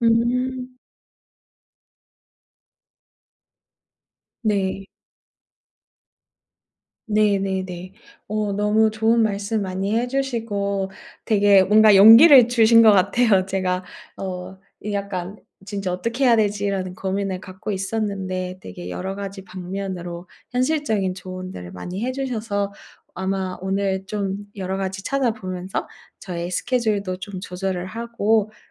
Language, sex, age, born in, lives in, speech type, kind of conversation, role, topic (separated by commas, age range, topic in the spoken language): Korean, female, 30-34, South Korea, Japan, conversation, advice, user, 독서 시간을 꾸준히 정하려고 했는데 자꾸 실패하는 이유가 무엇인가요?